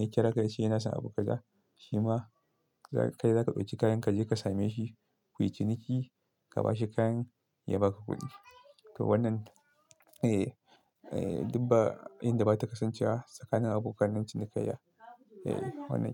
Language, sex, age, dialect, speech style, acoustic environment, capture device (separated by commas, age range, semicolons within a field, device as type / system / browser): Hausa, male, 25-34, Nigeria - Kano, happy, silence, desktop / Linux / Chrome